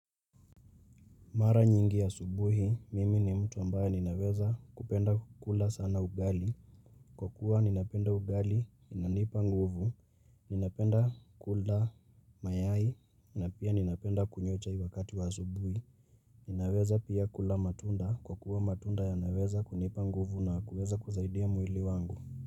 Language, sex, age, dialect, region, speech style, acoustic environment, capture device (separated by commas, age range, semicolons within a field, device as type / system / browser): Swahili, male, 18-24, Kenya - Nairobi Sheng-influenced, Kenya, focused; relaxed, people talking; nature, mobile / Linux / Chrome